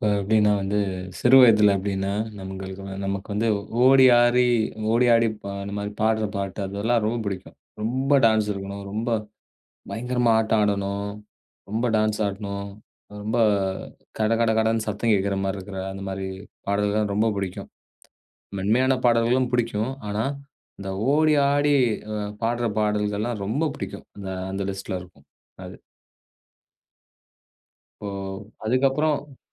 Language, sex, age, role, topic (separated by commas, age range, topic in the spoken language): Tamil, male, 35-39, guest, காலப்போக்கில் உங்கள் இசை ரசனை எப்படி மாறியது?